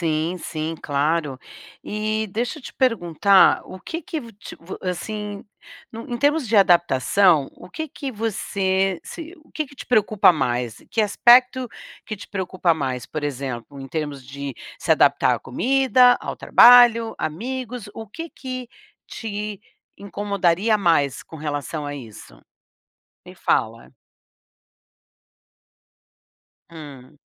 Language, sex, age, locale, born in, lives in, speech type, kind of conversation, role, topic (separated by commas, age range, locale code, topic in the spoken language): Portuguese, female, 60-64, pt-BR, Brazil, United States, conversation, advice, advisor, Como posso me adaptar melhor a uma nova cultura e a um novo ambiente?